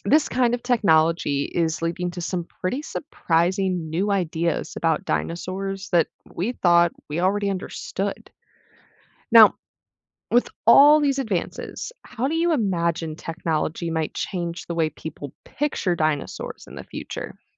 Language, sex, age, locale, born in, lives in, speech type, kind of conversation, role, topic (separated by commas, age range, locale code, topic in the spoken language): English, female, 20-24, en-US, United States, United States, read, expert-assistant, expert, How can new technology improve dinosaur research?